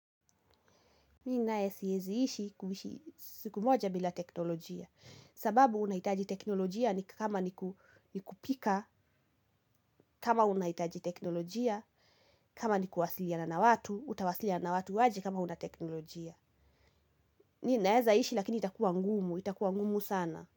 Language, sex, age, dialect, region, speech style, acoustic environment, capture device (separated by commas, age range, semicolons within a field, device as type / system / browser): Swahili, female, 18-24, Kenya - Kiambu, Kenya, anxious, nature, mobile / Linux / Chrome